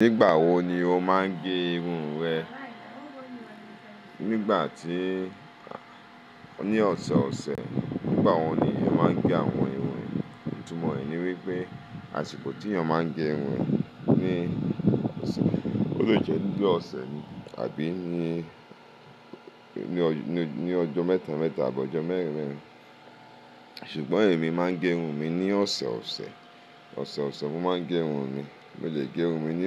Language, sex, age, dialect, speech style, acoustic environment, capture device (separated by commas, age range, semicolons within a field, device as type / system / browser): Yoruba, male, 35-44, Nigeria - Lagos Yoruba, focused, silence, mobile / Linux / Chrome